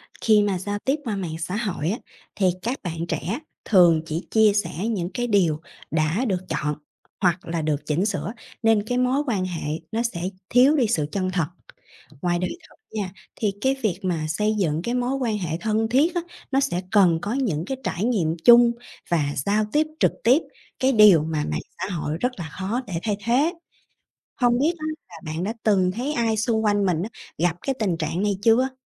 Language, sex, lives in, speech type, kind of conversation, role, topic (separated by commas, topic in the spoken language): Vietnamese, female, Vietnam, read, expert-assistant, expert, Ảnh hưởng của mạng xã hội đến giao tiếp giữa các bạn trẻ